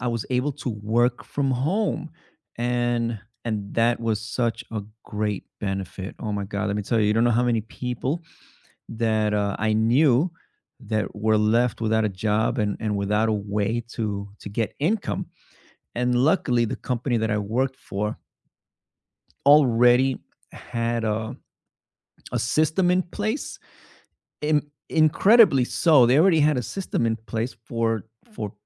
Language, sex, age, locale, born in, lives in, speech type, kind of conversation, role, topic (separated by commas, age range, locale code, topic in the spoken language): English, male, 35-39, en-US, United States, United States, conversation, unstructured, none, What is a surprising way technology has helped people during tough times?